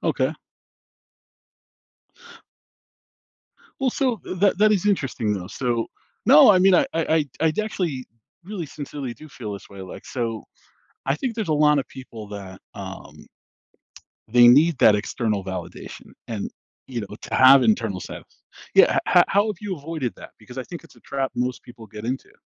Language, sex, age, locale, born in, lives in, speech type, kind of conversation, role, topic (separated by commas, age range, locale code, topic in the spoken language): English, male, 40-44, en-US, United States, United States, conversation, podcast, host, How do your values and experiences shape your idea of success?